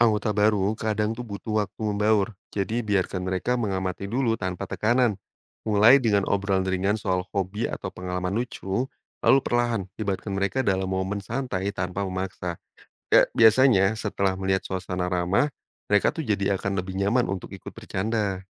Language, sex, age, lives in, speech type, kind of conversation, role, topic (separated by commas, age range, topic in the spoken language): Indonesian, male, 30-34, Indonesia, read, expert-assistant, expert, Bagaimana mengatur proses kerja agar tim wirausaha tetap inovatif namun tetap terkoordinasi dengan baik?